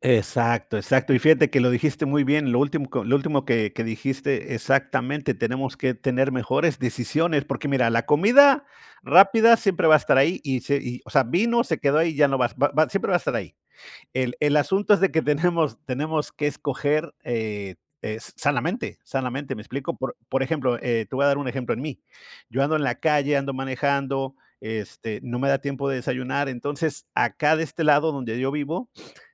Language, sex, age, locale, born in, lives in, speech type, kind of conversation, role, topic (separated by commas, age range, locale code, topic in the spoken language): Spanish, male, 50-54, es-US, Mexico, United States, conversation, unstructured, none, ¿Cuál es tu opinión sobre la comida rápida en la sociedad actual?